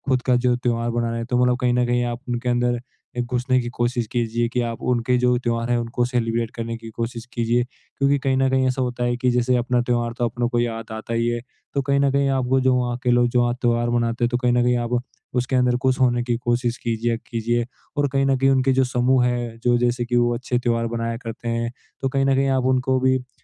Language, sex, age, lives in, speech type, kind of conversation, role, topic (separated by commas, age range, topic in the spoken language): Hindi, male, 18-19, India, conversation, advice, advisor, मैं त्योहारों के दौरान अक्सर अकेला और अलग-थलग क्यों महसूस करता/करती हूँ?